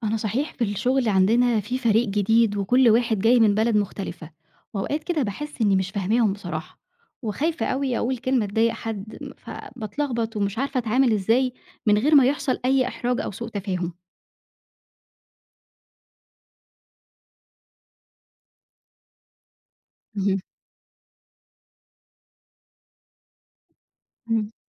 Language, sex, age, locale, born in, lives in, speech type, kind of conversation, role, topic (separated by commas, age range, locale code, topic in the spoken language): Arabic, female, 30-34, ar-EG, Egypt, Egypt, read, expert-assistant, asker, إزاي أقدر أتعلم أفهم الناس اللي جايين من ثقافات غيري وأتعامل معاهم بشكل أسهل في الشغل أو في حياتي؟